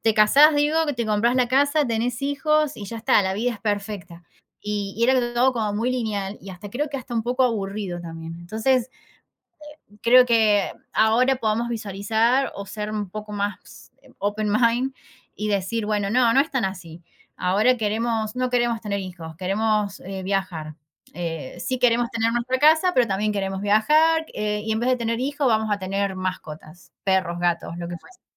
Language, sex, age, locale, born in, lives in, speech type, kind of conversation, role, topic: Spanish, female, 30-34, es-AR, Argentina, Netherlands, conversation, unstructured, none, ¿Qué te gustaría lograr antes de cumplir 30 años?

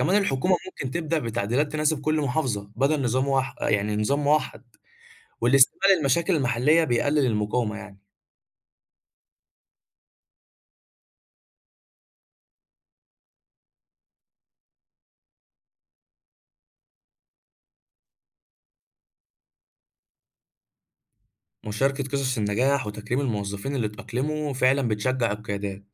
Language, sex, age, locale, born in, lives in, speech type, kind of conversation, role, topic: Arabic, male, 20-24, ar-EG, Egypt, Egypt, read, expert-assistant, expert, تفتكر إزاي ممكن نستخدم التكنولوجيا بطريقة مبتكرة لتحسين تجربة المواطنين في التعامل مع المصالح الحكومية؟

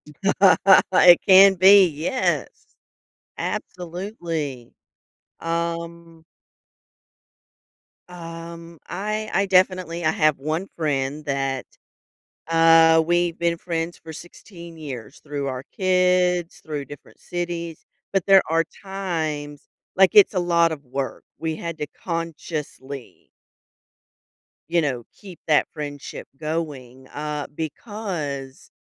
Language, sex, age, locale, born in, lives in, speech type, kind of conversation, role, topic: English, female, 45-49, en-US, United States, United States, conversation, podcast, host, What qualities help friendships last through life's changes?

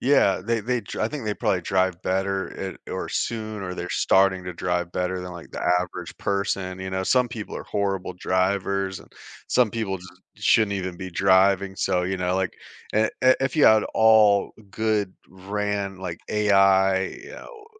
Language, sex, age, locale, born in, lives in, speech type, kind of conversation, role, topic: English, male, 40-44, en-US, United States, United States, conversation, unstructured, none, Would you rather live in a world without technology or in a world without nature?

